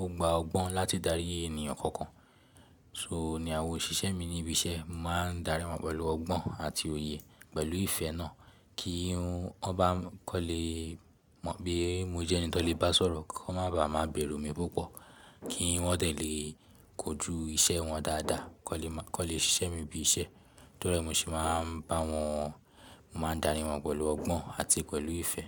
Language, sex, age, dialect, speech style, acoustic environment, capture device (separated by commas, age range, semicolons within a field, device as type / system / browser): Yoruba, male, 18-24, Nigeria - Lagos Yoruba, relaxed, silence, mobile / Linux / Chrome